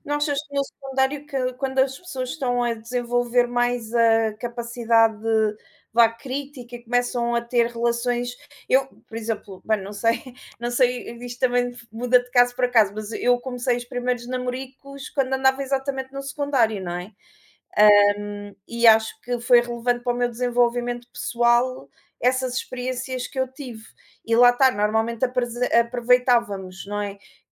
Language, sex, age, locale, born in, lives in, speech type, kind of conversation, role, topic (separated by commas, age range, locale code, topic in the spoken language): Portuguese, female, 35-39, pt-PT, Portugal, Portugal, conversation, unstructured, none, Você já ficou impressionado com alguma inovação recente?